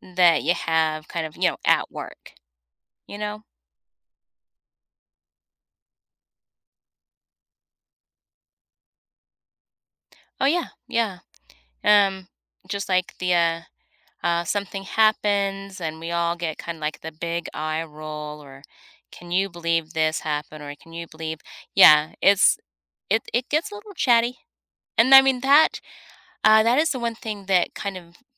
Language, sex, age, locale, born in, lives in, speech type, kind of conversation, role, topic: English, female, 50-54, en-US, United States, United States, conversation, advice, user, How can I combat feeling isolated while working from home?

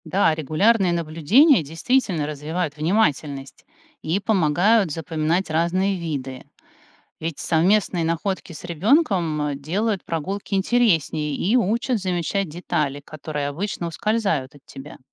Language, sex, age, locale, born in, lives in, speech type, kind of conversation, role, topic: Russian, female, 45-49, ru-RU, Russia, United States, read, expert-assistant, expert, Где можно узнать, как меняется природа в разных уголках России? Есть ли интересные ресурсы или эксперты, которые рассказывают об этом?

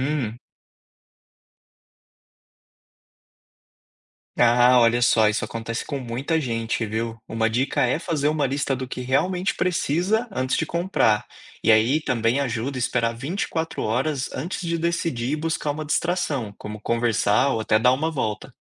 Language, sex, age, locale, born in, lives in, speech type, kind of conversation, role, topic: Portuguese, male, 30-34, pt-BR, Brazil, Spain, read, expert-assistant, expert, Quais truques posso usar no dia a dia pra evitar gastar dinheiro por impulso?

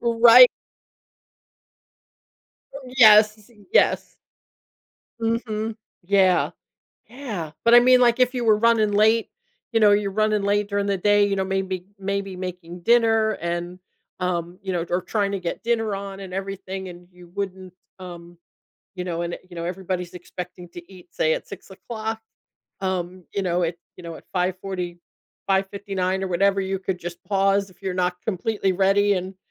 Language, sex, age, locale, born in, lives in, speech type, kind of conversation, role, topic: English, female, 60-64, en-US, United States, United States, conversation, unstructured, none, How might having extra time to yourself change your daily life and priorities?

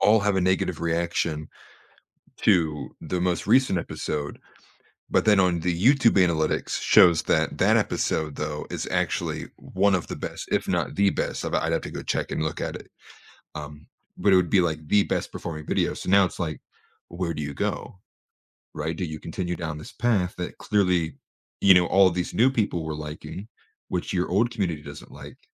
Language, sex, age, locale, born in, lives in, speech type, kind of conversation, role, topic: English, male, 25-29, en-US, United States, United States, conversation, advice, user, How can I reduce my stress and decide confidently about a major upcoming choice?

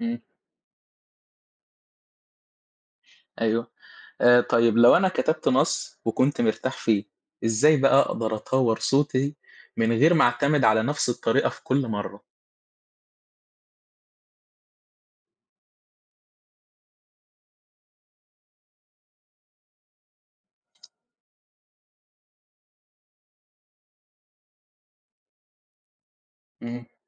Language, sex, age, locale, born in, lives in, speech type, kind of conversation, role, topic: Arabic, male, 18-19, ar-EG, Egypt, Egypt, read, expert-assistant, asker, إزاي أطور أسلوبي في الكتابة الإبداعية وأخلي عندي صوت مميز يبان في كل اللي بكتبه؟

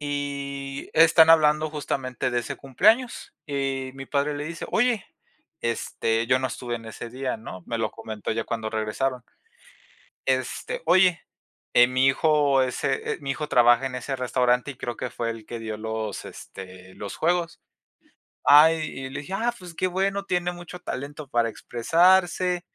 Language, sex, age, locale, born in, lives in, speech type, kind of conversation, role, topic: Spanish, male, 20-24, es-MX, Mexico, Mexico, conversation, unstructured, none, ¿Qué es lo que te hace sonreír en un día normal?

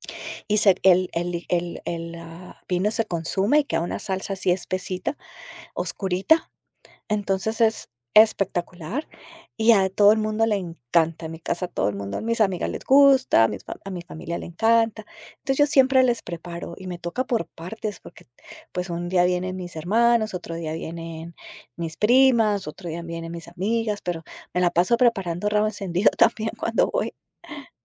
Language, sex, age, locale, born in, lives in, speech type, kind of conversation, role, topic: Spanish, female, 50-54, es-CO, Colombia, United States, conversation, podcast, guest, ¿Qué haces para que un plato sencillo se vea especial?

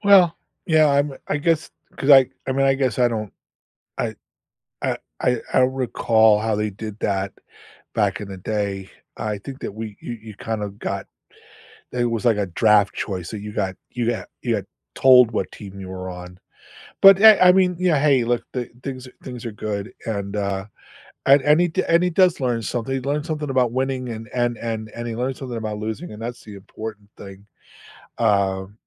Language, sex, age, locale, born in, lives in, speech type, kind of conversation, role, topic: English, male, 65-69, en-US, United States, United States, conversation, unstructured, none, What was the last thing that genuinely surprised you this week?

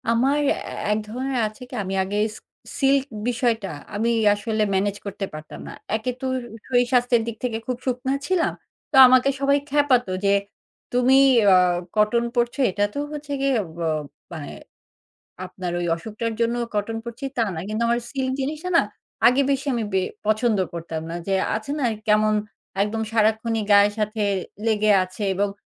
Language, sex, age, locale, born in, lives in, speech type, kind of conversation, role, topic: Bengali, female, 40-44, bn-BD, Bangladesh, Finland, conversation, podcast, guest, বয়স বাড়ার সঙ্গে সঙ্গে তোমার পোশাকের পছন্দ কীভাবে বদলায়?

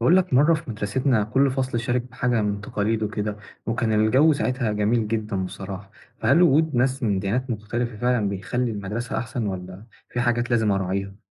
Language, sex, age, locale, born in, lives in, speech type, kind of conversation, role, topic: Arabic, male, 20-24, ar-EG, Egypt, Egypt, read, expert-assistant, asker, هل فيه فايدة أو ضرر إن فيه مدارس أو أماكن شغل بتجمع ناس من ديانات مختلفة؟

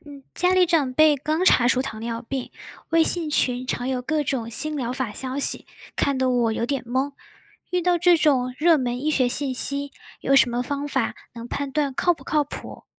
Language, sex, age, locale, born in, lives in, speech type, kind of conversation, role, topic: Chinese, female, 20-24, zh-CN, China, United States, read, expert-assistant, asker, 有时候听到热门医学专科的“新发现”，我们应该如何查证这些信息是否准确？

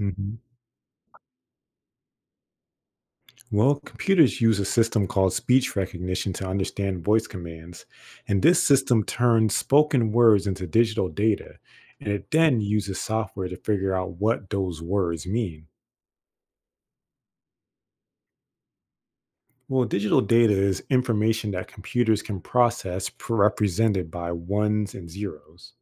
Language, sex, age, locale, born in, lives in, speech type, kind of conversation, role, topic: English, male, 25-29, en-US, United States, United States, read, expert-assistant, expert, How do computers recognize voice commands?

